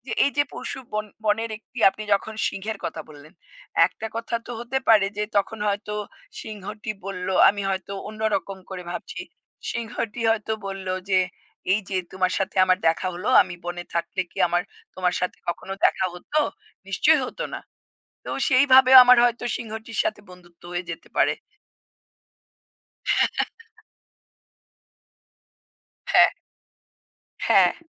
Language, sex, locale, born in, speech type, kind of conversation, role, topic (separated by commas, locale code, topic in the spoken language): Bengali, female, bn-IN, India, conversation, unstructured, none, কল্পনা করো, তুমি যদি একদিনের জন্য পশুদের ভাষা বুঝতে পারতে, তাহলে কোন পশুর সঙ্গে কথা বলতে চাইতে?